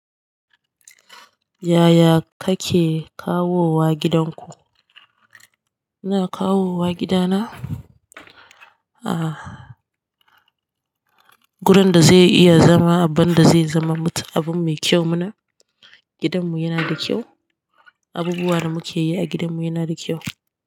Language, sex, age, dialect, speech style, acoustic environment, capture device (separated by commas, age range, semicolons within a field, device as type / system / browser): Hausa, female, 18-24, Nigeria - Katsina, happy, silence, desktop / Linux / Chrome